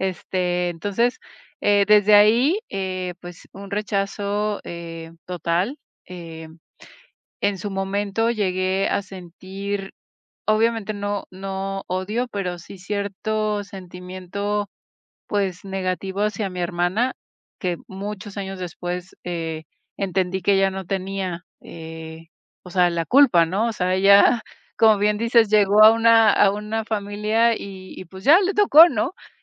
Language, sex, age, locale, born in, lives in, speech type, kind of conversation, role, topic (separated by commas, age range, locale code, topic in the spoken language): Spanish, female, 40-44, es-MX, Mexico, Mexico, conversation, advice, user, ¿Cómo te has sentido cuando tus padres muestran favoritismo por uno de tus hermanos y tú te sientes excluido o menospreciado?